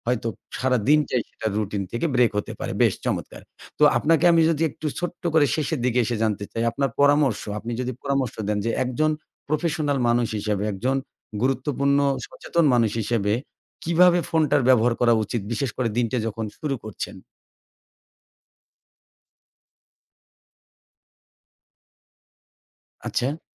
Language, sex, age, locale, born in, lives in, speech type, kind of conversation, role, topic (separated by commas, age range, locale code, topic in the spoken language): Bengali, male, 40-44, bn-BD, Bangladesh, Bangladesh, conversation, podcast, host, তুমি ফোনে দিন শুরু করো কীভাবে, একটু বলো তো?